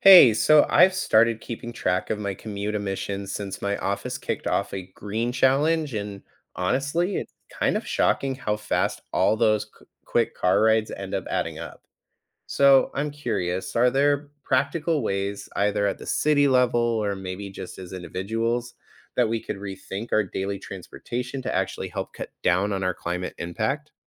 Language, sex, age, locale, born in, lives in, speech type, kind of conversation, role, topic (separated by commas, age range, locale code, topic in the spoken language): English, male, 30-34, en-US, United States, United States, read, expert-assistant, asker, How can daily transportation be redesigned to reduce emissions?